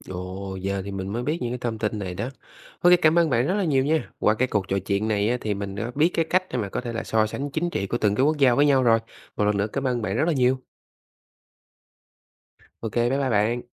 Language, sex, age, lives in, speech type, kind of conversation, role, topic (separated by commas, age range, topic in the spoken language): Vietnamese, male, 25-29, Vietnam, read, expert-assistant, asker, Có cách nào đơn giản để so sánh chính trị giữa các nước mà không bị rối không?